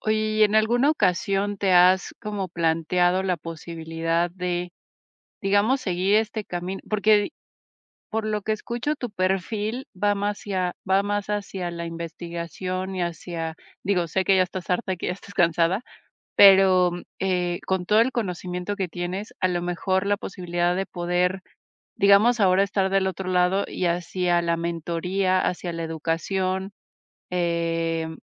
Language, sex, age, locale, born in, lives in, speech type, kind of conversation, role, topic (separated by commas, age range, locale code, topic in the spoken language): Spanish, female, 40-44, es-MX, Mexico, Mexico, conversation, advice, advisor, ¿Cómo has vivido el síndrome del impostor como fundador frente a tus logros y responsabilidades?